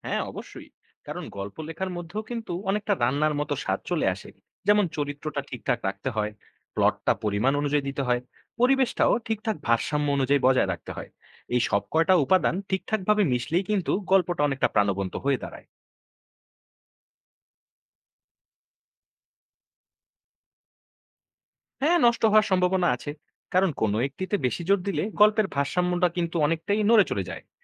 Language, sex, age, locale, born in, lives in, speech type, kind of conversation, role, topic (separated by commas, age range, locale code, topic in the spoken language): Bengali, male, 20-24, bn-BD, Bangladesh, Bangladesh, read, expert-assistant, expert, গল্প লেখার সময় উপাদানের ভারসাম্য কীভাবে বজায় রাখা যায়?